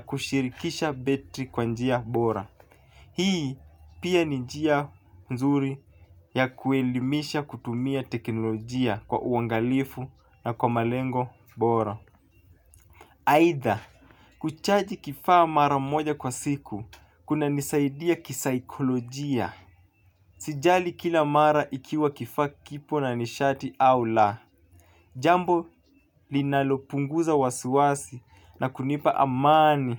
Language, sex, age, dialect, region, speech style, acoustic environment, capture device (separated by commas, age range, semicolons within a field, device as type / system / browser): Swahili, male, 25-34, Kenya - Mombasa, Kenya, focused; relaxed, nature, mobile / Linux / Chrome